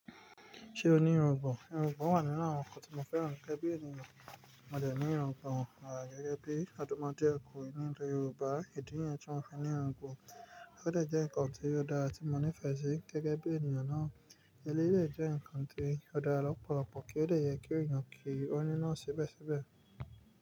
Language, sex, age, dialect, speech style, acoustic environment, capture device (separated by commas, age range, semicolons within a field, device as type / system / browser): Yoruba, male, 25-34, Nigeria - Nigerian Standard, relaxed, nature, mobile / Linux / Chrome